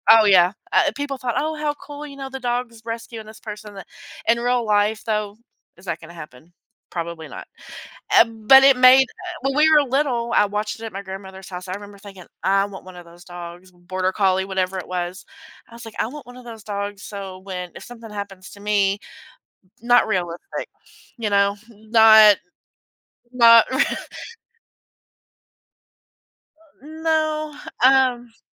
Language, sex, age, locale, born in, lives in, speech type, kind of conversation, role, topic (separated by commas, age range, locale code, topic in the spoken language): English, female, 40-44, en-US, United States, United States, conversation, unstructured, none, How do the stories we loved as children shape our ideas about what it means to be a hero?